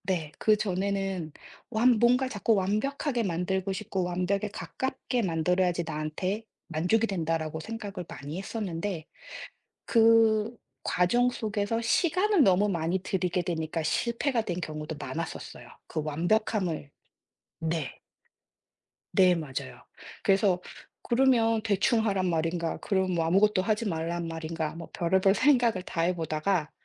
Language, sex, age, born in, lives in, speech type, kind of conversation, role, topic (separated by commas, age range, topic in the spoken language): Korean, female, 40-44, South Korea, United States, conversation, podcast, guest, 솔직히 실패를 통해 얻은 가장 큰 교훈은 무엇인가요?